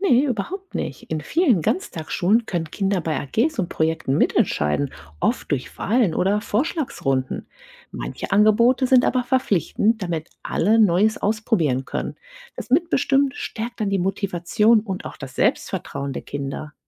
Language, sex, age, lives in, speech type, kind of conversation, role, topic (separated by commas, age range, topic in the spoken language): German, female, 40-44, United States, read, expert-assistant, expert, Was sind die wichtigsten Unterschiede zwischen Ganztags- und Halbtagsunterricht für Kinder?